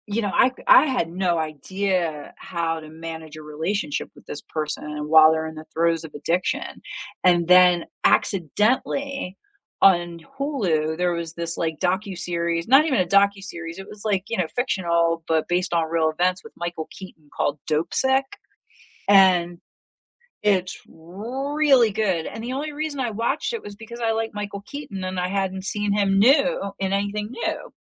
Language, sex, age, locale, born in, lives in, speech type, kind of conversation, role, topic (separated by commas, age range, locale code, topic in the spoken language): English, female, 45-49, en-US, United States, United States, conversation, unstructured, none, How do sad moments in stories teach us about real life?